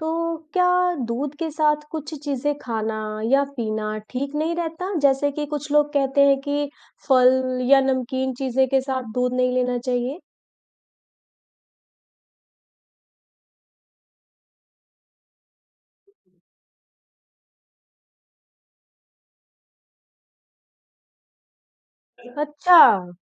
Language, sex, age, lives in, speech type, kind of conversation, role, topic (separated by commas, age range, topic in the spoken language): Hindi, female, 35-39, India, read, expert-assistant, asker, दूध पीने के फायदे और नुकसान